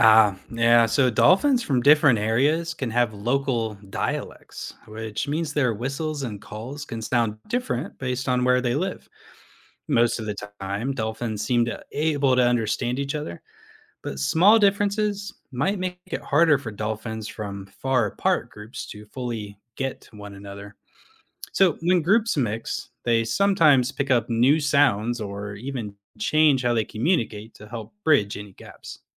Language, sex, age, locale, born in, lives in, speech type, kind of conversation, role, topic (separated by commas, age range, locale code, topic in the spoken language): English, male, 35-39, en-US, United States, United States, read, expert-assistant, expert, How do dolphins use communication to interact and cooperate within their groups?